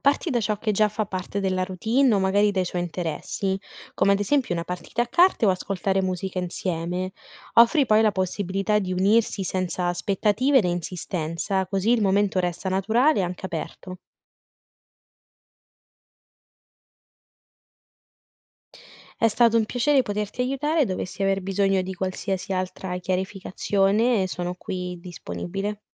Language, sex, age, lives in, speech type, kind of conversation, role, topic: Italian, female, 20-24, Italy, read, expert-assistant, expert, Come si può supportare un figlio adolescente che attraversa un periodo di isolamento sociale, e quali strategie funzionano davvero?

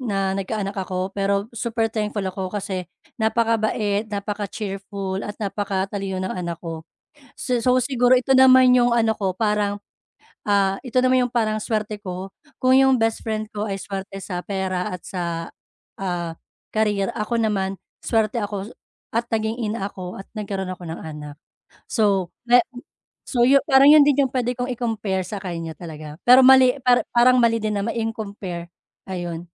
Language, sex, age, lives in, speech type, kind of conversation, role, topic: Filipino, female, 35-39, Philippines, conversation, advice, user, Bakit ako naiinggit sa mga tagumpay ng kaibigan ko?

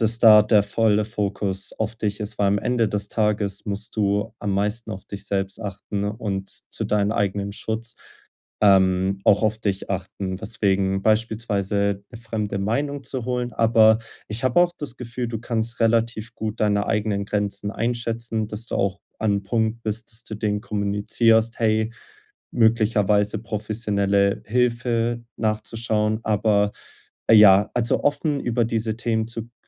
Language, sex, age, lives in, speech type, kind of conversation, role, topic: German, male, 20-24, Germany, conversation, advice, advisor, Wie setze ich Grenzen, wenn ich Freunden helfen möchte?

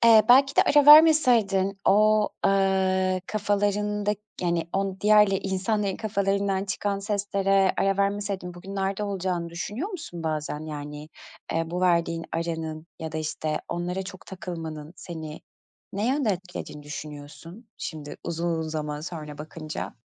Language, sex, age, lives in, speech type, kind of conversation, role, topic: Turkish, female, 35-39, Greece, conversation, podcast, host, Başkalarının beklentileri yaratıcı kararlarını nasıl etkiler?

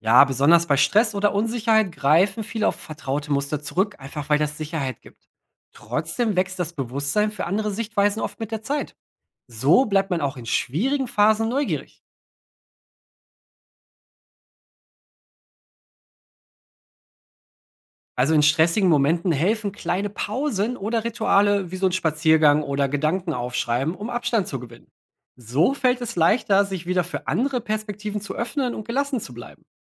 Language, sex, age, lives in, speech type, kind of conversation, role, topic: German, male, 30-34, Germany, read, expert-assistant, expert, Glaubst du, dass der Ort, an dem wir leben, unsere Sicht auf die Welt beeinflusst? Warum oder warum nicht?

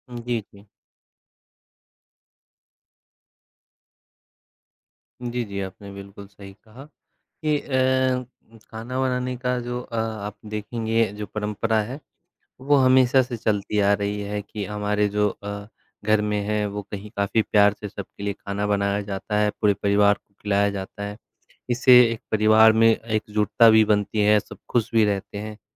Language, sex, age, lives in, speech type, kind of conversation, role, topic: Hindi, male, 25-29, India, conversation, unstructured, none, क्या आपको कभी दूसरों के लिए खाना बनाकर खुशी मिलती है?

